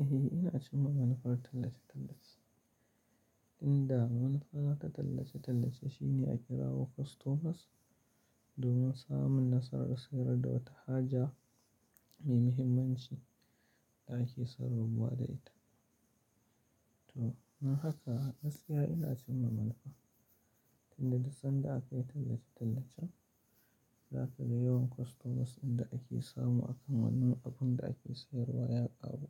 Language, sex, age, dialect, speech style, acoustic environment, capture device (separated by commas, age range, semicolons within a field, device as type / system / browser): Hausa, male, 35-44, Nigeria - Kano, tired, silence, mobile / Linux / Chrome